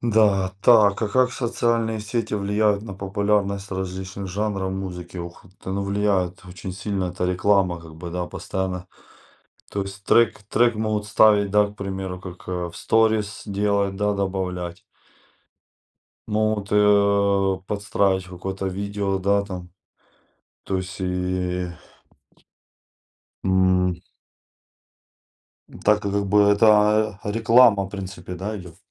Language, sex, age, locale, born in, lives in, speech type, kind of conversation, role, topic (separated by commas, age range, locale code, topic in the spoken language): Russian, male, 40-44, ru-RU, Ukraine, Spain, conversation, unstructured, none, Какую музыку вы предпочитаете слушать в социальных сетях?